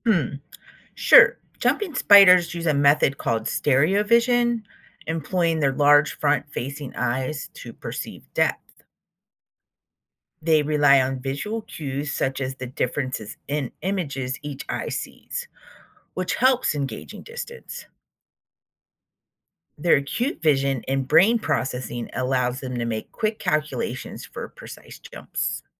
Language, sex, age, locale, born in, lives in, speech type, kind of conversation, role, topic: English, female, 40-44, en-US, United States, United States, read, expert-assistant, expert, How do jumping spiders calculate distance?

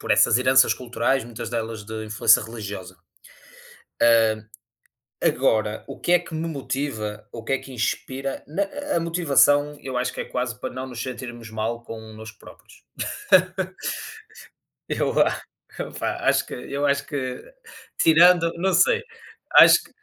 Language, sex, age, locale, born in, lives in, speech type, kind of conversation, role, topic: Portuguese, male, 30-34, pt-PT, Portugal, Portugal, conversation, unstructured, none, O que te inspira a ser uma pessoa melhor?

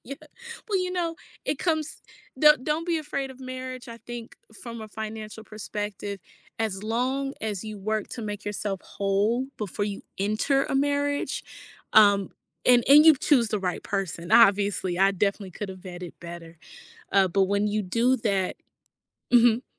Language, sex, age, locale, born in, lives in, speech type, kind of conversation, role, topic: English, female, 30-34, en-US, United States, United States, conversation, podcast, guest, What steps can help someone create financial goals they can actually achieve?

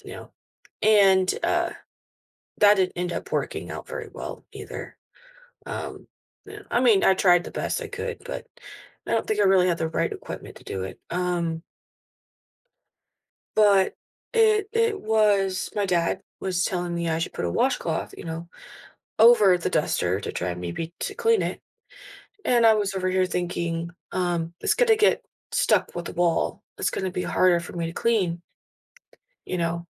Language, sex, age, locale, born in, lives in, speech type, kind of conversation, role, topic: English, female, 25-29, en-US, United States, United States, conversation, advice, user, How can I balance work and family without feeling overwhelmed?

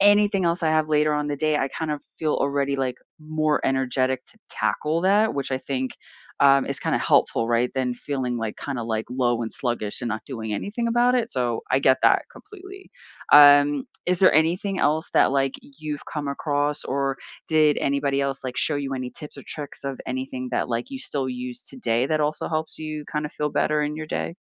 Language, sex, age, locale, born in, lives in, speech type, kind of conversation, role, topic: English, female, 40-44, en-US, United States, United States, conversation, unstructured, none, Which tiny daily ritual brightens your mood, and how did it become meaningful to you?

